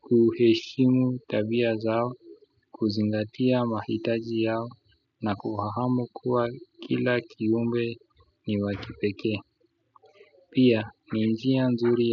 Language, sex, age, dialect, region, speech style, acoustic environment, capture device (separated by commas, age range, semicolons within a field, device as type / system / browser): Swahili, male, 25-34, Kenya - Nairobi Sheng-influenced, Kenya, excited, music, desktop / Windows / Chrome